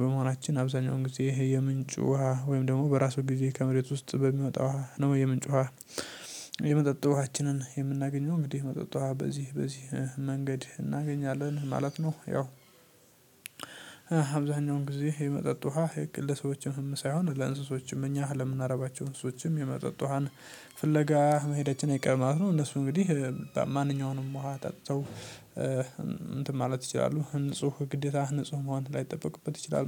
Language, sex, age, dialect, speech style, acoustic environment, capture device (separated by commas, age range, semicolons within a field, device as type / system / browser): Amharic, male, 18-24, Ethiopia - Addis Ababa, happy; stressed, nature; silence, mobile / Linux / Chrome